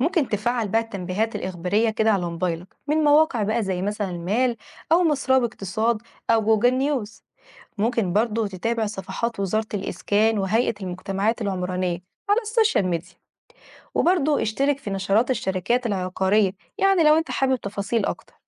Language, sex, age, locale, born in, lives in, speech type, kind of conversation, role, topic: Arabic, female, 20-24, ar-EG, Egypt, Egypt, read, expert-assistant, expert, لو عايز أبحث عن ليه بعض المناطق في القاهرة بتتطور أسرع من غيرها، أبتدي منين وأعمق البحث إزاي؟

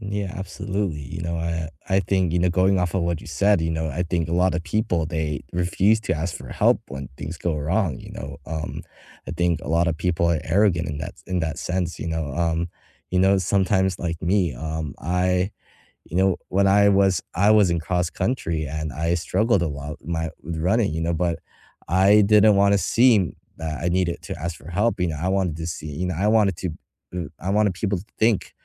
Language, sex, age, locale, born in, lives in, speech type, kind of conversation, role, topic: English, male, 18-19, en-US, United States, United States, conversation, unstructured, none, How do you handle setbacks when things go wrong?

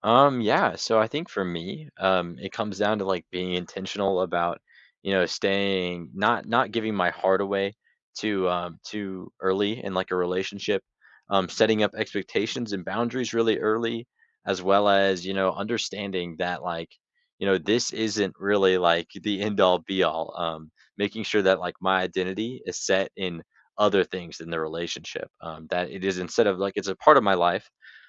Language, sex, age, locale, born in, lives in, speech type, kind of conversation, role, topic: English, male, 18-19, en-US, United States, United States, conversation, unstructured, none, How can you find common ground without losing yourself?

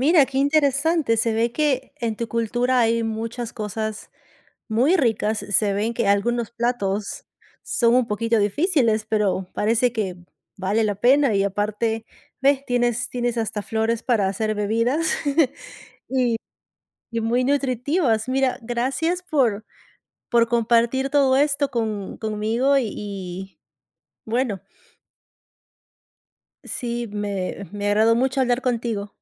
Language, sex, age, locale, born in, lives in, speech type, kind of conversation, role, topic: Spanish, female, 35-39, es-PE, Peru, United States, conversation, podcast, host, ¿Qué comida te conecta con tus raíces?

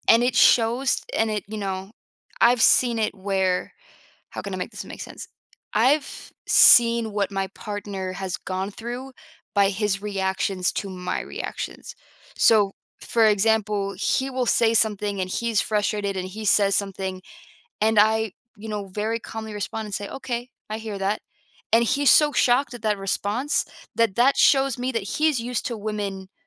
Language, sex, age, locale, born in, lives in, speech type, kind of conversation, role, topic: English, female, 25-29, en-US, United States, United States, conversation, podcast, guest, What are some effective ways to resolve conflicts while maintaining respect and understanding?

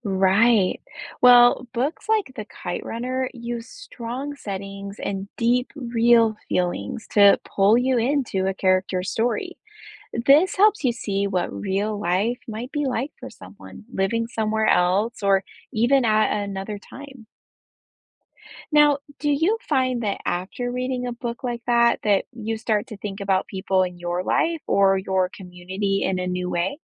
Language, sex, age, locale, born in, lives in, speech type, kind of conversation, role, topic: English, female, 30-34, en-US, United States, United States, read, expert-assistant, expert, How does reading fiction foster empathy in readers?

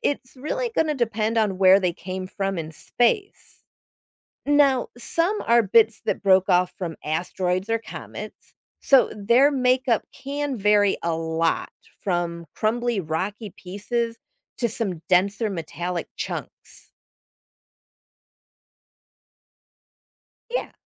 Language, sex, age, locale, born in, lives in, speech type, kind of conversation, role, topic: English, female, 50-54, en-US, United States, United States, read, expert-assistant, expert, What causes a shooting star or meteor to appear in the night sky?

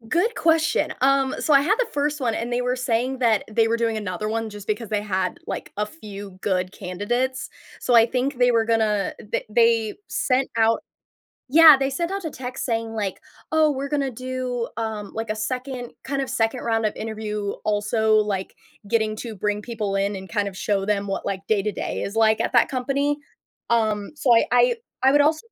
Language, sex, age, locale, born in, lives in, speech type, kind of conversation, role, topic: English, female, 20-24, en-US, United States, United States, conversation, advice, user, How can I set clearer boundaries so work doesn't take over my personal time?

